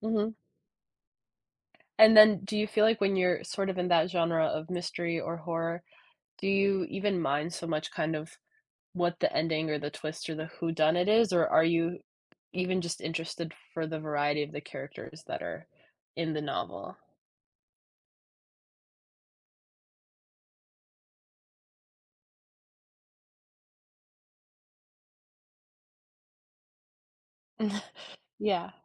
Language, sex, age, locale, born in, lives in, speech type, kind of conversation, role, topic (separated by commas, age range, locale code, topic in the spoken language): English, female, 20-24, en-US, United States, United States, conversation, podcast, host, What draws readers into a story and keeps them engaged?